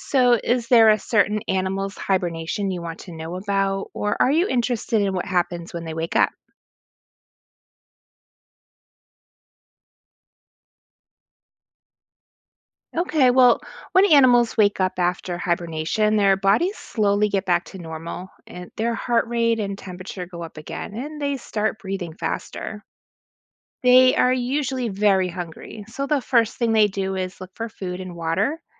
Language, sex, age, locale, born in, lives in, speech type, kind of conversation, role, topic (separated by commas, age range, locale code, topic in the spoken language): English, female, 40-44, en-US, United States, United States, read, expert-assistant, expert, How do animals survive winter?